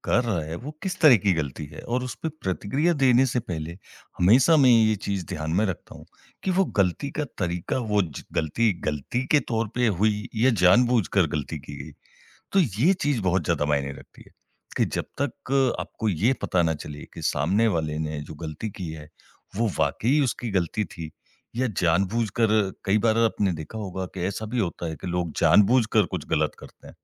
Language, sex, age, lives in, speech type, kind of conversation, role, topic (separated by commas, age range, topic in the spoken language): Hindi, male, 40-44, India, conversation, podcast, guest, आप किसी की गलती पर कैसे प्रतिक्रिया देते हैं?